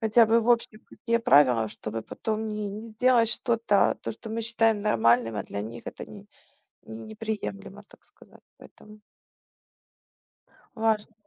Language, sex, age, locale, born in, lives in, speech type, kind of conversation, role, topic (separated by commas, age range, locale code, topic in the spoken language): Russian, female, 35-39, ru-UA, Ukraine, Spain, conversation, unstructured, none, Как местная культура и кухня влияют на ваш выбор курорта?